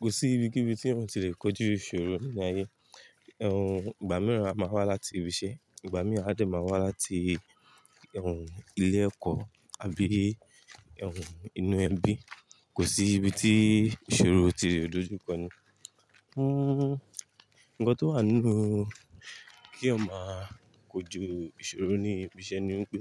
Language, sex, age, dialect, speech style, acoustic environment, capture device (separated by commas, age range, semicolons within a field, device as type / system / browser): Yoruba, male, 25-34, Nigeria - Lagos Yoruba, relaxed, nature, mobile / Linux / Chrome